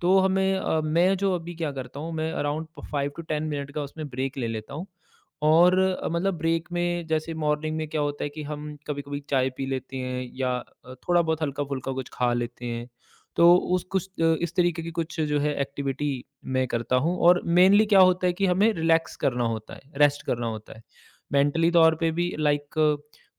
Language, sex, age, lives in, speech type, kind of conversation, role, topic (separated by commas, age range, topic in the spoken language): Hindi, male, 35-39, India, conversation, podcast, guest, Hectic subah ko shaant karne ke liye tum kaunse aasaan tareeke apnaate ho?